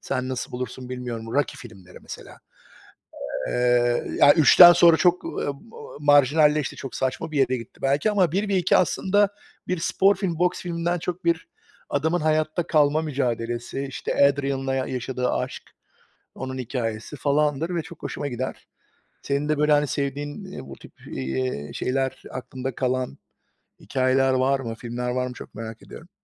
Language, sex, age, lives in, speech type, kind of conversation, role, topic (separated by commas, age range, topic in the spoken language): Turkish, male, 45-49, United States, conversation, unstructured, none, En son izlediğin film seni nasıl etkiledi?